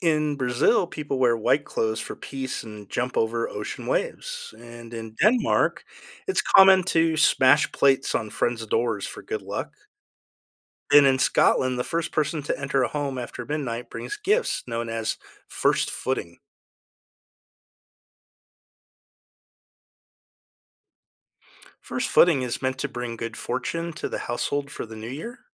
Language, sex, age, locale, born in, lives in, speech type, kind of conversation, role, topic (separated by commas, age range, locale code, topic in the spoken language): English, male, 50-54, en-US, United States, United States, read, expert-assistant, expert, How do different cultures celebrate the New Year?